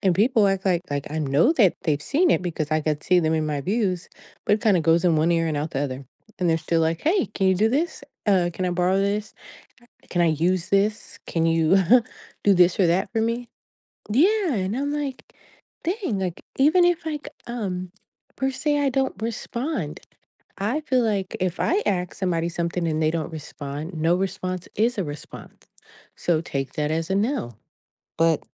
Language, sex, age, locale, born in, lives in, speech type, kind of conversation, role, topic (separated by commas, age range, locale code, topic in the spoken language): English, female, 35-39, en-US, United States, United States, conversation, advice, user, How can I set and maintain healthy boundaries without feeling guilty?